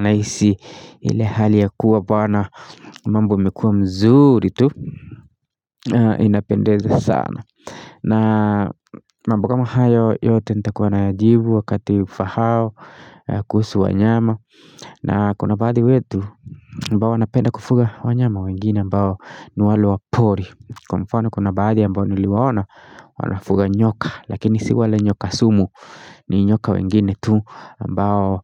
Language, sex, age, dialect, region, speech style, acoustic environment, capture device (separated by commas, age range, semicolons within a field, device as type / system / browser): Swahili, male, 25-34, Kenya - Nairobi Sheng-influenced, Kenya, excited; tired; focused, people talking, mobile / Linux / Chrome